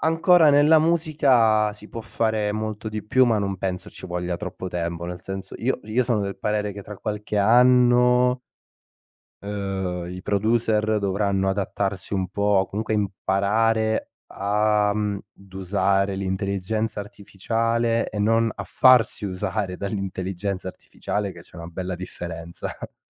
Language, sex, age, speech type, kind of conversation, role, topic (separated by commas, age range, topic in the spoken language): Italian, male, 25-29, conversation, podcast, guest, Come nascono le tue idee creative?